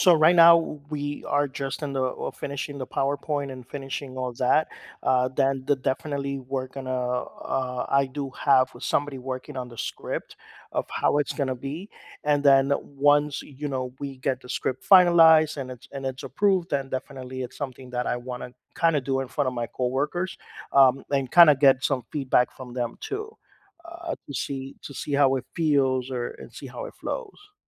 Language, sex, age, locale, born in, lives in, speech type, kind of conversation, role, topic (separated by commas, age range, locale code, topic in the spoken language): English, male, 45-49, en-US, United States, United States, conversation, advice, user, How can I manage my nerves and feel more confident when speaking or presenting?